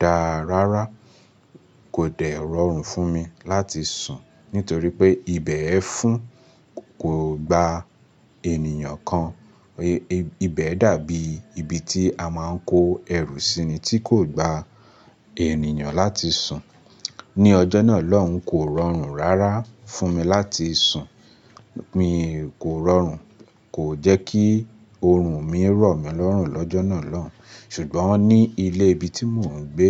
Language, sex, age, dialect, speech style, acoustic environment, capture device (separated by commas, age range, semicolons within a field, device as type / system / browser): Yoruba, male, 25-34, Nigeria - Lagos Yoruba, focused; relaxed, music; appliances, mobile / Linux / Chrome